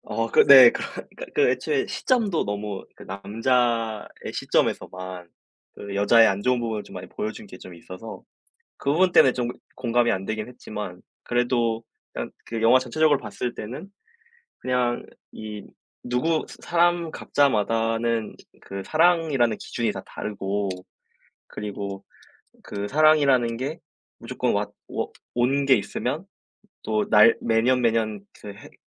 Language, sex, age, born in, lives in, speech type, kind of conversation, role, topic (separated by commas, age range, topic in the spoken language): Korean, male, 20-24, South Korea, South Korea, conversation, unstructured, none, 요즘 가장 재미있게 본 영화는 무엇인가요?